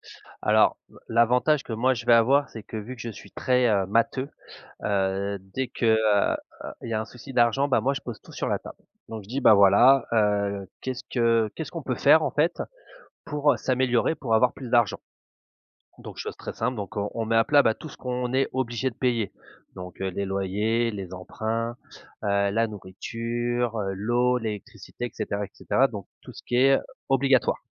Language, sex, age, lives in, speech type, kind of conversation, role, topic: French, male, 35-39, France, conversation, podcast, guest, Comment parler d’argent en famille sans que la discussion dégénère ?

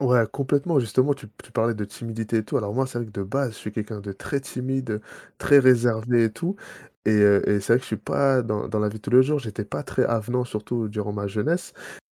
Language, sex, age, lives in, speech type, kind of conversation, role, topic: French, male, 30-34, France, conversation, podcast, guest, Quel projet créatif rêves-tu encore de réaliser ?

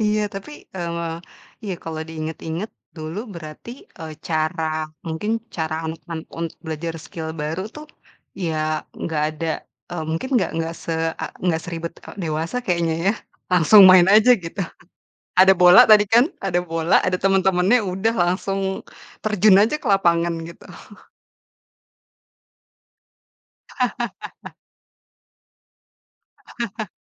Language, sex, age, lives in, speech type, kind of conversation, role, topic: Indonesian, female, 30-34, Indonesia, conversation, podcast, host, Bagaimana cara kamu mempelajari keterampilan baru untuk hobimu?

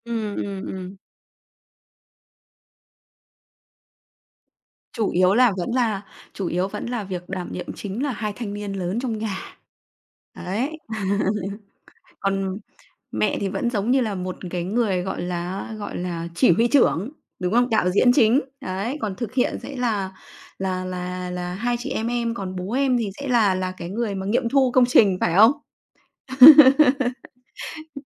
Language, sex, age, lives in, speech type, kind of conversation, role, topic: Vietnamese, female, 35-39, Vietnam, conversation, podcast, host, Làm sao bạn chia sẻ việc nhà với người khác?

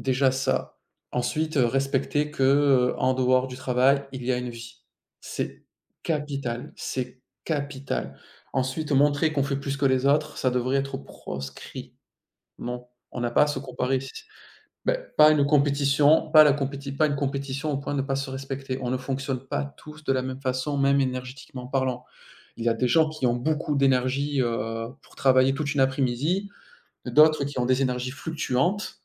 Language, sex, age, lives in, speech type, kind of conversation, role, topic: French, male, 40-44, France, conversation, unstructured, none, Pourquoi la santé mentale est-elle encore entourée de tant de tabous aujourd’hui ?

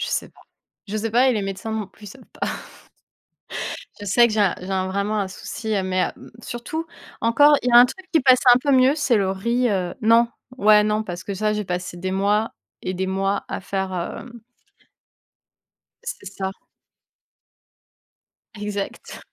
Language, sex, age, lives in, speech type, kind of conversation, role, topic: French, female, 30-34, France, conversation, unstructured, none, Quelle est la plus grande peur que tu as dû surmonter ?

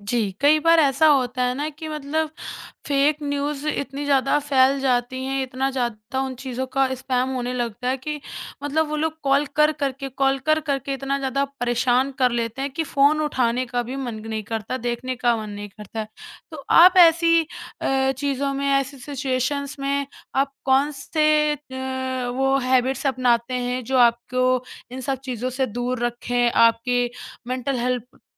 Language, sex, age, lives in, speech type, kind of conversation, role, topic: Hindi, male, 30-34, India, conversation, podcast, host, Fake news aur spam se bachne ke liye tum kaunsi aadatein apnate ho?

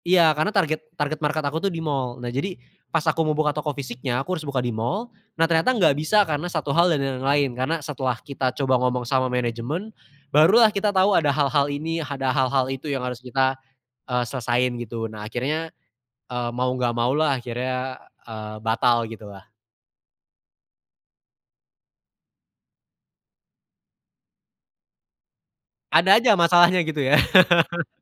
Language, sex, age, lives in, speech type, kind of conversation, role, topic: Indonesian, male, 20-24, Indonesia, conversation, unstructured, none, Apa yang membuat kamu merasa paling menjadi diri sendiri?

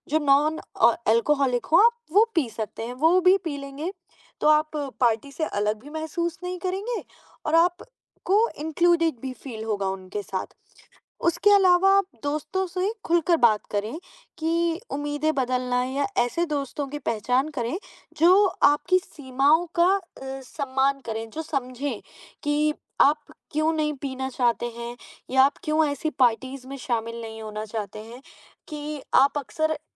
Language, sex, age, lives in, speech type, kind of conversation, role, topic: Hindi, female, 20-24, India, conversation, advice, advisor, दोस्तों की पार्टियों में जाने और शराब पीने के लिए आप पर कितना दबाव पड़ता है?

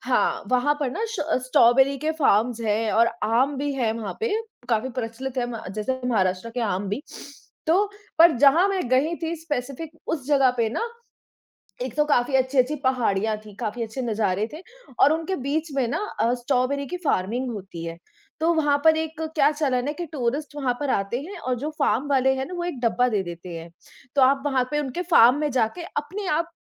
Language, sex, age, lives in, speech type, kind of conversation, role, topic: Hindi, male, 30-34, India, conversation, unstructured, none, आपको कौन-सी प्राकृतिक जगह सबसे अधिक पसंद है?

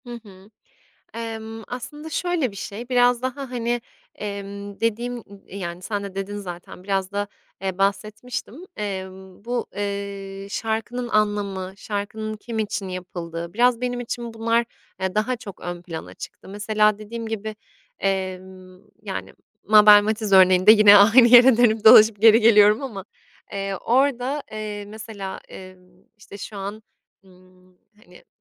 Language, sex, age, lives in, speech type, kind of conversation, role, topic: Turkish, female, 25-29, Italy, conversation, podcast, guest, Taşınmak veya göç etmek müzik zevkini nasıl değiştirdi?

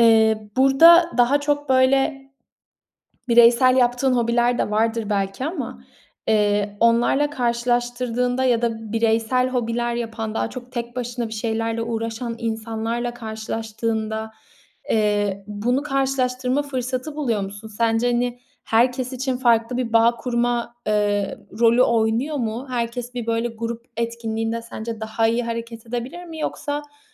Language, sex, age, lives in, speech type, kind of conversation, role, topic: Turkish, female, 25-29, Italy, conversation, podcast, host, Hobiler, insanlarla bağ kurmamızda nasıl bir rol oynar?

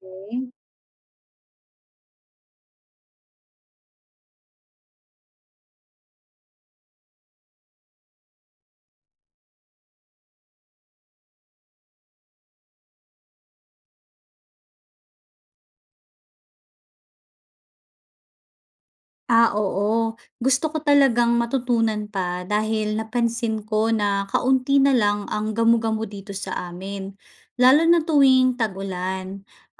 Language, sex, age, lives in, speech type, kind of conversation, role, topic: Filipino, female, 35-39, Philippines, read, expert-assistant, asker, Ano ang papel ng mga gamu-gamo sa kalikasan?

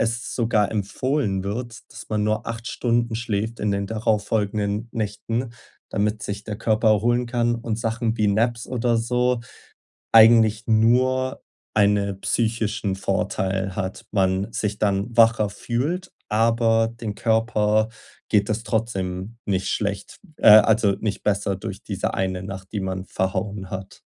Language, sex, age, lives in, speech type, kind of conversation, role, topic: German, male, 20-24, Germany, conversation, advice, user, Wie kann ich leichter einen regelmäßigen Schlafrhythmus beibehalten?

